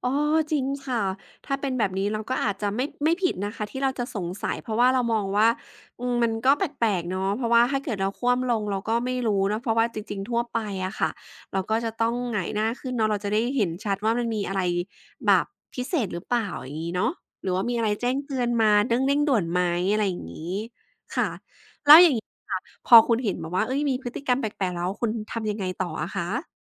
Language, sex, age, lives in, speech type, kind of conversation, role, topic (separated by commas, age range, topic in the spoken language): Thai, female, 35-39, Thailand, conversation, advice, advisor, คุณสงสัยว่าแฟนมีคนอื่นหรือเปล่า?